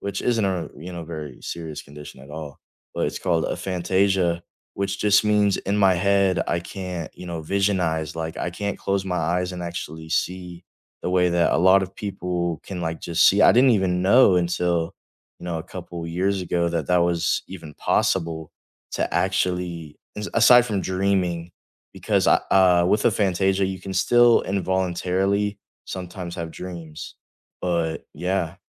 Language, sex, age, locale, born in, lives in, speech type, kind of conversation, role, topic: English, male, 18-19, en-US, United States, United States, conversation, unstructured, none, What is the hardest part about losing a hobby you loved?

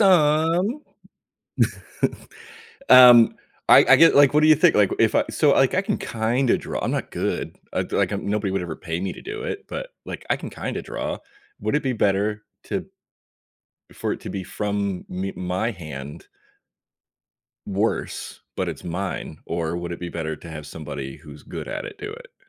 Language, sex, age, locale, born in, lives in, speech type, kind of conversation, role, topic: English, male, 35-39, en-US, United States, United States, conversation, advice, user, How can I plan a meaningful surprise for my partner?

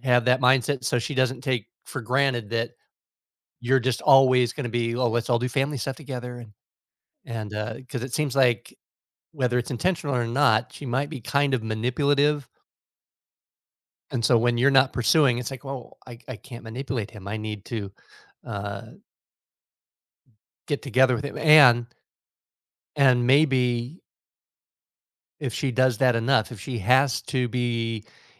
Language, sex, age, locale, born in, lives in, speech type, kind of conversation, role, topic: English, male, 55-59, en-US, United States, United States, conversation, advice, advisor, How can I cope and start moving on after a recent breakup?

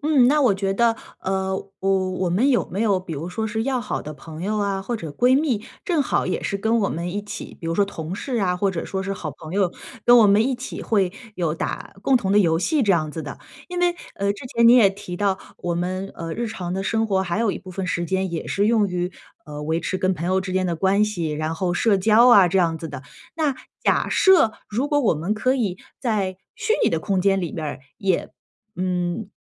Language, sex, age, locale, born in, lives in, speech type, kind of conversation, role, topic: Chinese, female, 35-39, zh-CN, China, United States, conversation, advice, advisor, 在忙碌中如何坚持兴趣爱好？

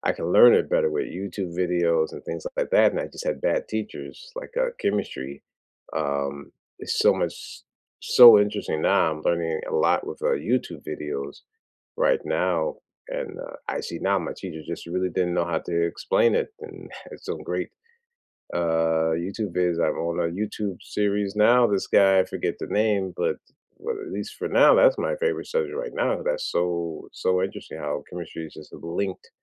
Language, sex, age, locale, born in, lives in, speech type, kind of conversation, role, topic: English, male, 40-44, en-US, United States, United States, conversation, unstructured, none, What was your favorite subject in school then, what is it now, and why?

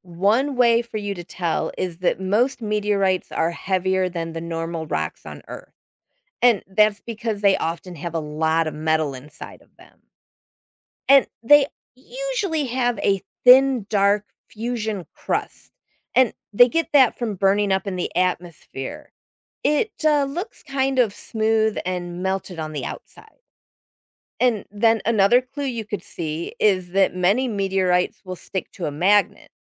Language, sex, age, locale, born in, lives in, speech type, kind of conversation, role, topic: English, female, 50-54, en-US, United States, United States, read, expert-assistant, expert, What causes a shooting star or meteor to appear in the night sky?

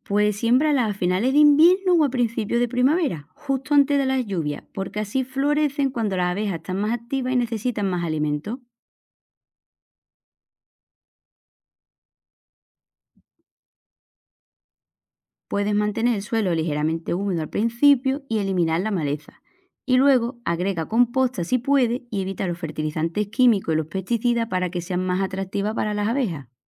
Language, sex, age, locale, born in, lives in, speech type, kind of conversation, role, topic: Spanish, female, 35-39, es-ES, Spain, Portugal, read, expert-assistant, expert, ¿Cómo puedo atraer y ayudar a las abejas en mi jardín?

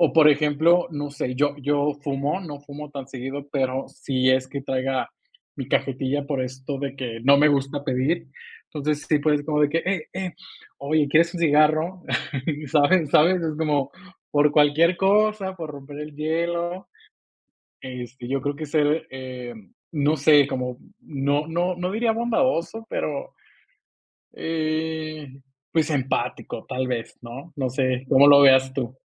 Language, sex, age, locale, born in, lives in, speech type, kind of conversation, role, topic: Spanish, male, 25-29, es-MX, Mexico, Mexico, conversation, podcast, guest, ¿Cómo sueles empezar una charla con gente nueva?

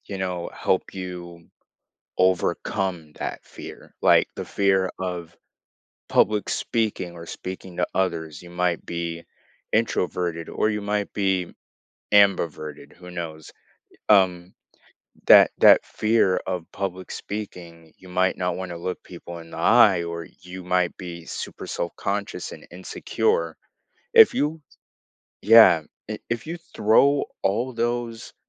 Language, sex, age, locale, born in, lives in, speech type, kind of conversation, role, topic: English, male, 18-19, en-US, United States, United States, conversation, podcast, guest, What helps you move past moments of fear or self-doubt in your life?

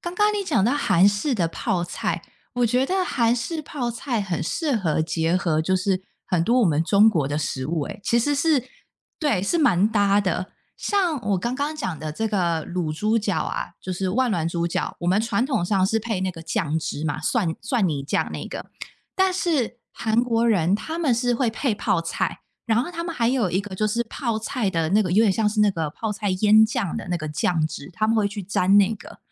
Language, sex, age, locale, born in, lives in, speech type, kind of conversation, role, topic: Chinese, female, 30-34, zh-TW, Taiwan, United States, conversation, unstructured, none, 你最难忘的一道家常菜是什么？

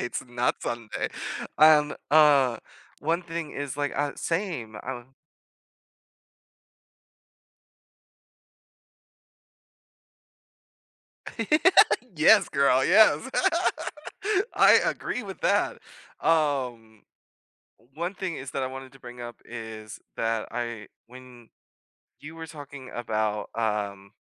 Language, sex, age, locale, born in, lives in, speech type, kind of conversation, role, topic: English, male, 25-29, en-US, United States, United States, conversation, unstructured, none, What personal traditions have you started as an adult, and how do they help you feel connected?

